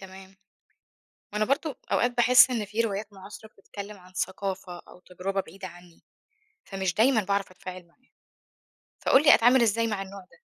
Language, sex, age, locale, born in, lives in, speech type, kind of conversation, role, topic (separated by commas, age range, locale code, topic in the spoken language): Arabic, female, 25-29, ar-EG, Egypt, Egypt, read, expert-assistant, asker, إزاي أقدر أطور نفسي في فهم الأدب المعاصر وأختار الكتب اللي توسع رؤيتي؟